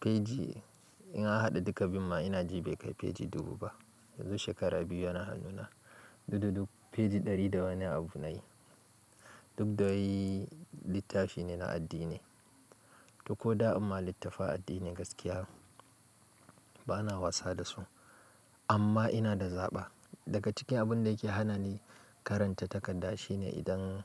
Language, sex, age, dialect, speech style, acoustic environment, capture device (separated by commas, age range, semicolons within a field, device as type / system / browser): Hausa, male, 25-34, Nigeria - Kano, relaxed; focused, silence, mobile / Linux / Chrome